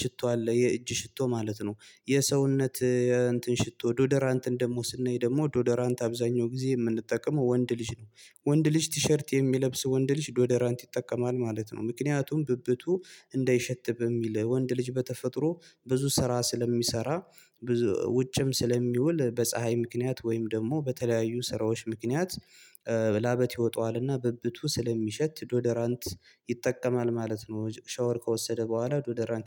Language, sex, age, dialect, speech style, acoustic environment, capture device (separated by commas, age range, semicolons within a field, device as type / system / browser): Amharic, male, 25-34, Ethiopia - Gondar, happy, silence, mobile / Linux / Chrome